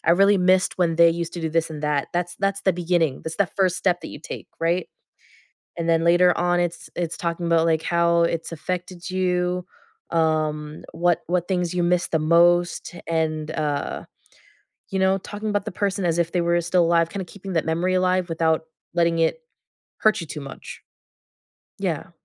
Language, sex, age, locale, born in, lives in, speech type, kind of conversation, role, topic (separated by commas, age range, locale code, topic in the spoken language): English, female, 20-24, en-US, United States, United States, conversation, podcast, guest, How do people find healthy ways to cope with grief and loss?